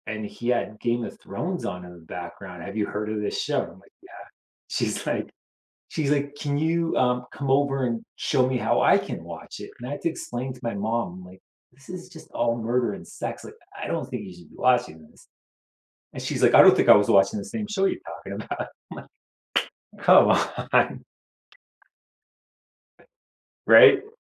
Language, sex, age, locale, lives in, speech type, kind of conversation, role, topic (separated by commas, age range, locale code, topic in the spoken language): English, male, 40-44, en-CA, Canada, conversation, unstructured, none, Which movies, TV shows, music, games, or live events make you feel most connected to other people, and how do you share them?